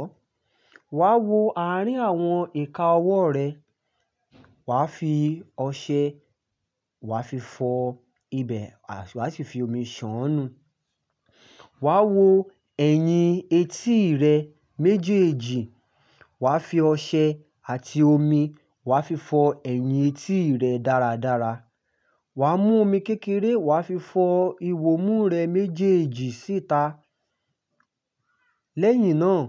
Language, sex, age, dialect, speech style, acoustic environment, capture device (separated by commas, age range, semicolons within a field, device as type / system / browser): Yoruba, male, 25-34, Nigeria - Ibadan, excited; focused, silence, mobile / Linux / Chrome